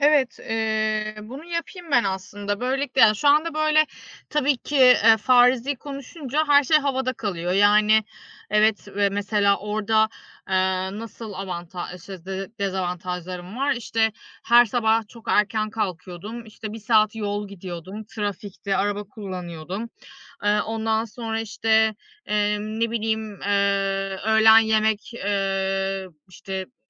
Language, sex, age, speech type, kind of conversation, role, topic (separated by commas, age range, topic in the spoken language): Turkish, female, 35-39, conversation, advice, user, İş kaybından sonra yeni bir kariyer ararken kimliğimi nasıl yeniden tanımlayabilirim?